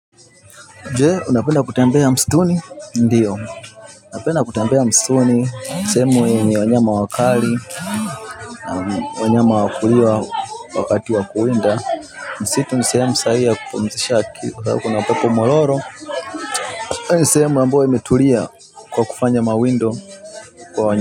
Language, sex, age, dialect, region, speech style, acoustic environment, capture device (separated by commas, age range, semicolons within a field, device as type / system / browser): Swahili, male, 35-44, Tanzania - Dar es Salaam, Tanzania, focused, nature, mobile / Linux / Chrome